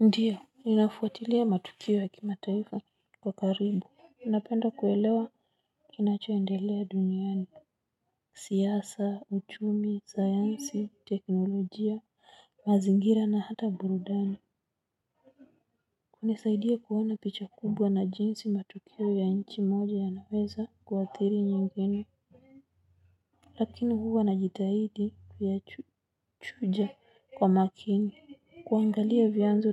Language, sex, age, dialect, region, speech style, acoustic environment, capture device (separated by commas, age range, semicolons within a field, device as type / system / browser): Swahili, female, 35-44, Kenya - Nairobi Sheng-influenced, Kenya, happy, people talking, mobile / Linux / Chrome